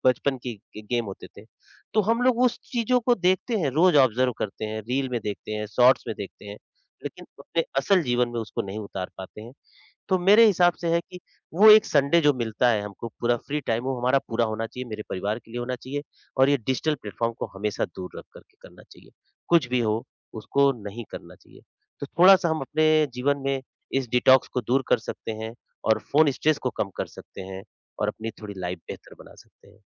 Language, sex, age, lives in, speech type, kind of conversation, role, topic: Hindi, male, 45-49, India, conversation, podcast, guest, Phone se stress ho jaaye, toh aap digital detox kaise karte hain?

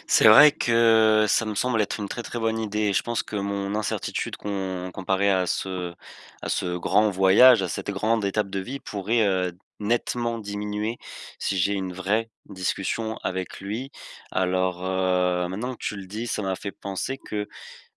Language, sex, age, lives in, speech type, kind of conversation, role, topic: French, male, 20-24, France, conversation, advice, user, Comment puis-je accepter l’incertitude et avancer quand tout change autour de moi ?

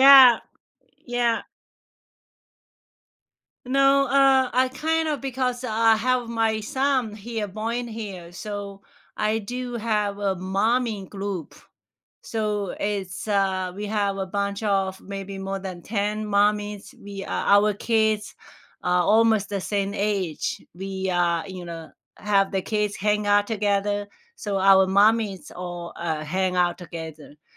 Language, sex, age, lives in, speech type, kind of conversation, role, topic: English, female, 45-49, United States, conversation, unstructured, none, Where do you feel most at home, and what personal touches and memories make it special to you?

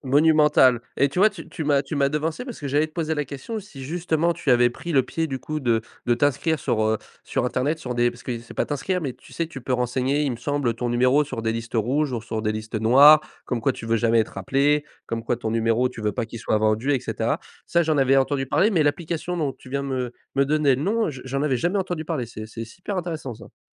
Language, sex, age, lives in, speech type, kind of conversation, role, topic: French, male, 20-24, France, conversation, podcast, host, Comment protèges-tu ta vie privée en ligne ?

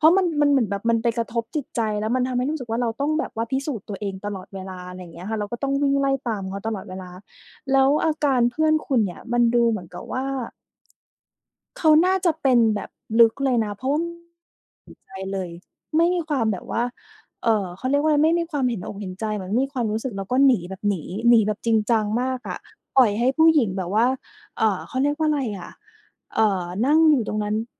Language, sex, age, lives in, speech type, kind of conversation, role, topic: Thai, female, 30-34, Thailand, conversation, podcast, host, ทำอย่างไรให้การพูดคุยไม่วนกลับไปเป็นวงจรทะเลาะเรื่องเดิม ๆ?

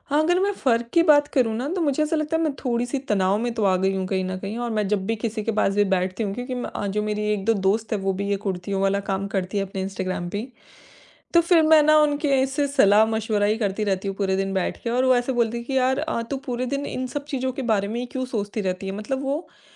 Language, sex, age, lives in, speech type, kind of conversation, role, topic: Hindi, female, 25-29, India, conversation, advice, user, ग्राहक की नकारात्मक प्रतिक्रिया से आपका आत्मविश्वास कैसे प्रभावित हुआ?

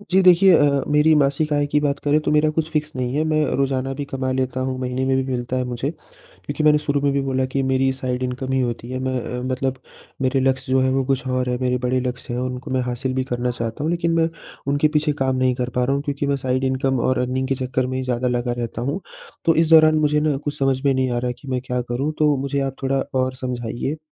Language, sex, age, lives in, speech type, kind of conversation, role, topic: Hindi, male, 20-24, India, conversation, advice, user, परिवार में पैसे और खर्चों को लेकर बार-बार होने वाले विवाद से आप कैसे निपटते हैं?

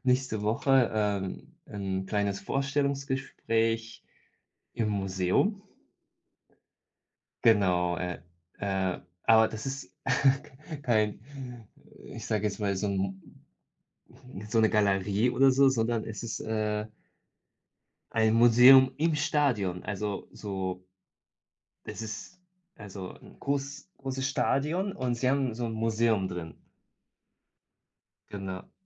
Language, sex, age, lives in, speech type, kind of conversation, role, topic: German, male, 30-34, Germany, conversation, unstructured, none, Welche neuen Träume hast du in letzter Zeit gehabt?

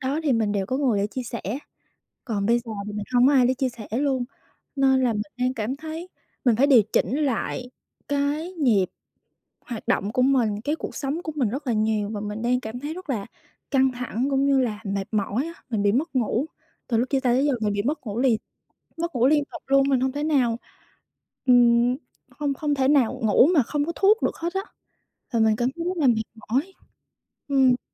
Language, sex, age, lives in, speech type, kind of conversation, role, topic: Vietnamese, female, 25-29, Vietnam, conversation, advice, user, Sau khi chia tay người yêu, bạn cần điều chỉnh cuộc sống hằng ngày như thế nào?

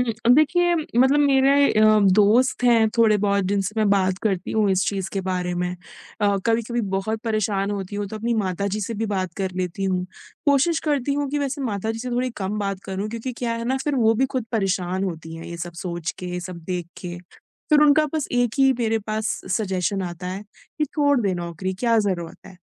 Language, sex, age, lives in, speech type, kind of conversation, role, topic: Hindi, female, 25-29, India, conversation, advice, user, तनाव या उदासी की वजह से आपकी आत्म-देखभाल और व्यक्तिगत स्वच्छता छूट रही है, तो आप इसे कैसे अनुभव कर रहे हैं?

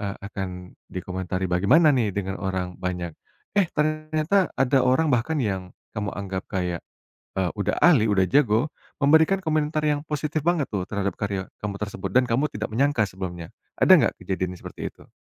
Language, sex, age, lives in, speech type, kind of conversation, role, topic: Indonesian, male, 35-39, Indonesia, conversation, podcast, host, Pernahkah kamu takut menunjukkan karyamu, dan bagaimana kamu mengatasinya?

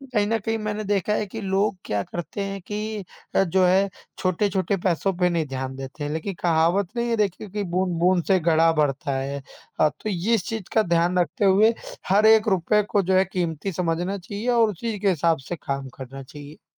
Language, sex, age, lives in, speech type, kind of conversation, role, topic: Hindi, male, 25-29, India, conversation, unstructured, none, पैसे बचाने का सबसे आसान तरीका क्या है?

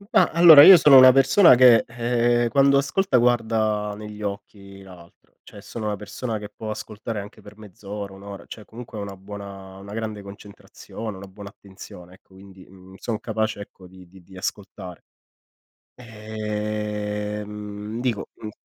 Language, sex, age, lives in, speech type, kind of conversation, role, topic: Italian, male, 30-34, Italy, conversation, unstructured, none, Qual è il ruolo dell’ascolto in una discussione difficile?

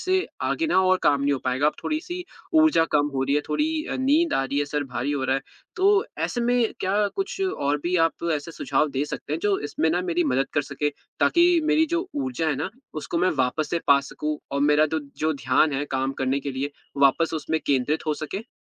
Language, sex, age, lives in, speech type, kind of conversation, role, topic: Hindi, male, 20-24, India, conversation, advice, user, आप यह तय नहीं कर पा रहे हैं कि कौन सा काम पहले करें?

